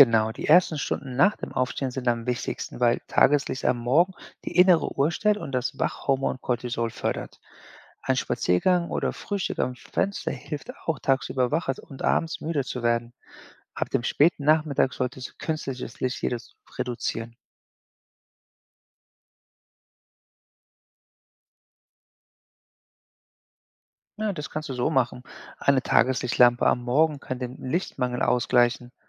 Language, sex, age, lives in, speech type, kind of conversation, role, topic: German, male, 40-44, United States, read, expert-assistant, expert, Welche Ansätze gibt es, um den eigenen Biorhythmus im Alltag besser zu nutzen?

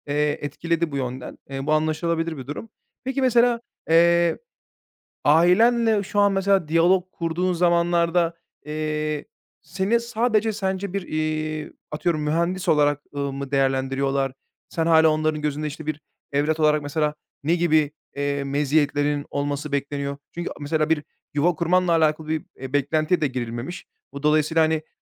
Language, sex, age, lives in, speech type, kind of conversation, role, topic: Turkish, male, 30-34, Bulgaria, conversation, podcast, host, Başarıyı aile beklentilerinden nasıl ayırıyorsun?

